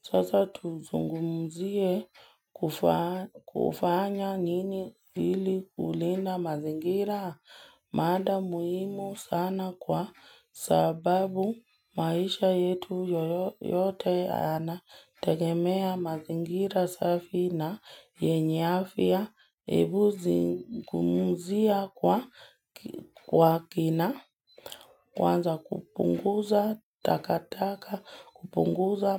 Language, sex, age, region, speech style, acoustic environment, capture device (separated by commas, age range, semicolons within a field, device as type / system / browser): Swahili, female, 18-24, Kenya, excited; focused, nature, mobile / Linux / Chrome